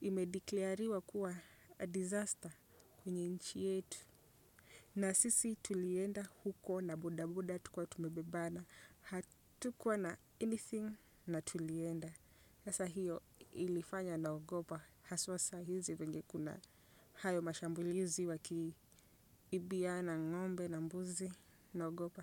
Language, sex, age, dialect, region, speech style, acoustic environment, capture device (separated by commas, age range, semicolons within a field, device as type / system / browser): Swahili, female, 25-34, Kenya - Nairobi Sheng-influenced, Kenya, relaxed; focused, silence, desktop / Windows / Chrome